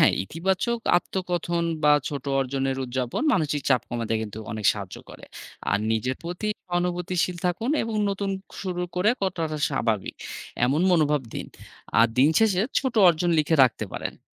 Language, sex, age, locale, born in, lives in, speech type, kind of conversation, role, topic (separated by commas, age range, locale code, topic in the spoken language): Bengali, male, 30-34, bn-BD, Bangladesh, Bangladesh, read, expert-assistant, expert, ব্যস্ত জীবনে মানসিক স্বাস্থ্যের যত্ন নিতে সময় কীভাবে ভাগ করা যায়?